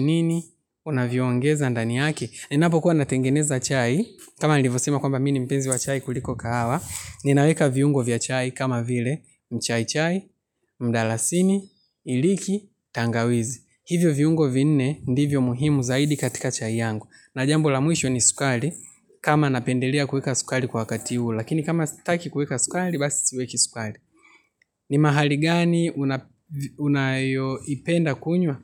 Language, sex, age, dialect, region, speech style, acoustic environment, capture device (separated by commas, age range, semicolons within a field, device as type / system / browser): Swahili, male, 25-34, Tanzania - Dar es Salaam, Tanzania, relaxed, nature, mobile / Linux / Chrome